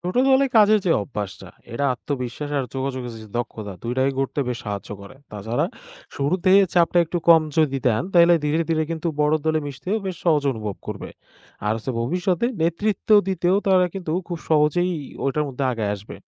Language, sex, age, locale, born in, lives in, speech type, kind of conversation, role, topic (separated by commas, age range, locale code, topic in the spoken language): Bengali, male, 20-24, bn-BD, Bangladesh, Bangladesh, read, expert-assistant, expert, শিক্ষানীতিতে শিক্ষার্থীদের শেখার আগ্রহ বাড়াতে কী কী উপায় নেওয়া যেতে পারে?